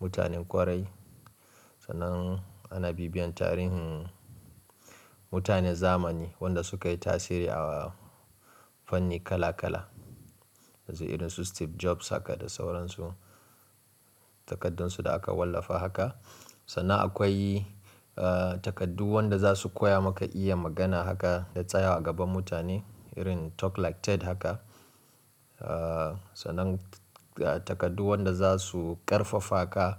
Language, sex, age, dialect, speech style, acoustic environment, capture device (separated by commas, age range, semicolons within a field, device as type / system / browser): Hausa, male, 25-34, Nigeria - Kano, relaxed; focused, silence; nature, mobile / Linux / Chrome